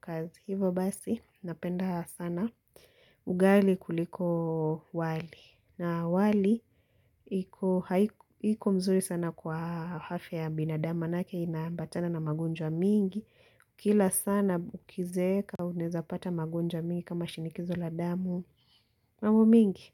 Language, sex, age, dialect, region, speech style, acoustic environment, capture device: Swahili, female, 18-24, Kenya - Mombasa, Kenya, relaxed, silence, mobile / Linux / Chrome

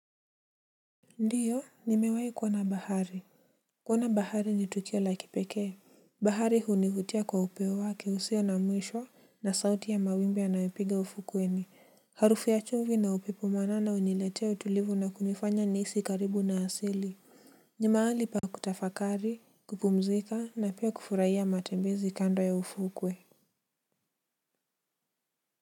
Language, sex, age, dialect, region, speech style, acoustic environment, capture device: Swahili, male, 18-24, Kenya - Nairobi Sheng-influenced, Kenya, relaxed, silence; nature, mobile / Linux / Chrome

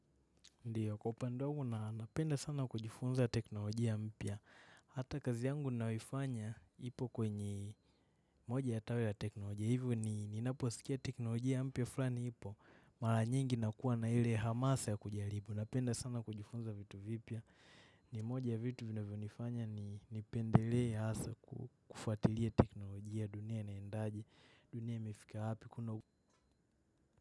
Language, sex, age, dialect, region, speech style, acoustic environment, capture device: Swahili, male, 18-24, Kenya - Nairobi Sheng-influenced, Kenya, relaxed, nature, mobile / macOS / Safari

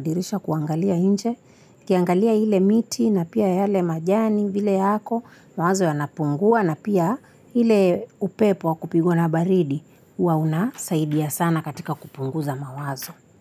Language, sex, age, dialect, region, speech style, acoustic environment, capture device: Swahili, female, 25-34, Kenya - Mombasa, Kenya, relaxed, silence, mobile / Linux / Chrome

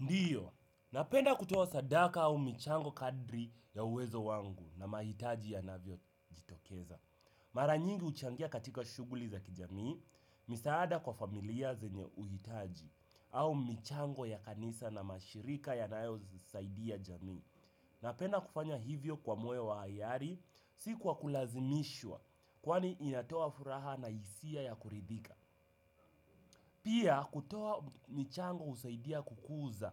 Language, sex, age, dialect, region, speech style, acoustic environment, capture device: Swahili, male, 18-24, Kenya - Nairobi Sheng-influenced, Kenya, excited; focused; relaxed; happy, people talking; music; nature; silence; appliances; construction; traffic, desktop / Windows / Chrome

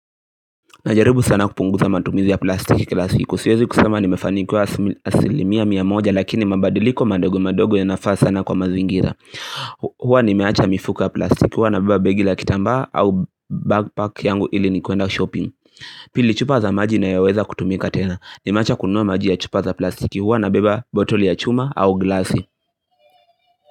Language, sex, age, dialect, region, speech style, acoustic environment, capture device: Swahili, male, 18-24, Kenya - Nairobi Sheng-influenced, Kenya, happy; excited, silence, mobile / Linux / Chrome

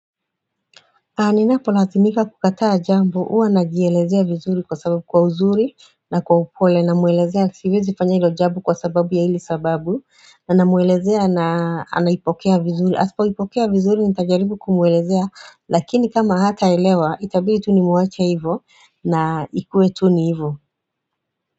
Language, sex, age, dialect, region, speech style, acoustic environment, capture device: Swahili, female, 35-44, Kenya - Nairobi Sheng-influenced, Kenya, tired, silence, mobile / Android / Chrome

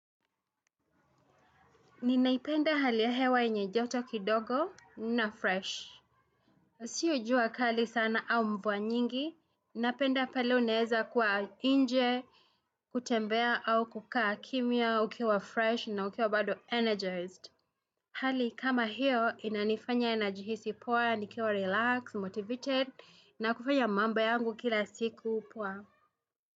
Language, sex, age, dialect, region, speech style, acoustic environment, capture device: Swahili, female, 35-44, Kenya - Nairobi Sheng-influenced, Kenya, happy; anxious, people talking; traffic; music, desktop / Windows / Chrome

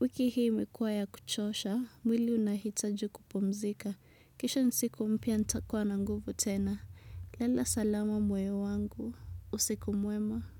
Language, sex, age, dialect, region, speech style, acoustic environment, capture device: Swahili, female, 25-34, Kenya - Nairobi Sheng-influenced, Kenya, relaxed, music, desktop / Windows / Chrome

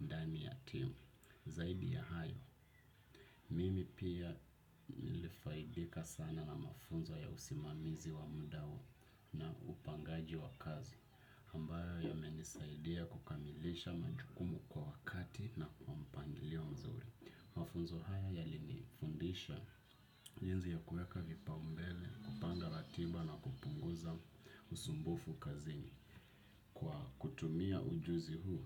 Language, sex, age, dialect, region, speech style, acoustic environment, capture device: Swahili, male, 25-34, Kenya - Nairobi Sheng-influenced, Kenya, happy, nature, desktop / Windows / Chrome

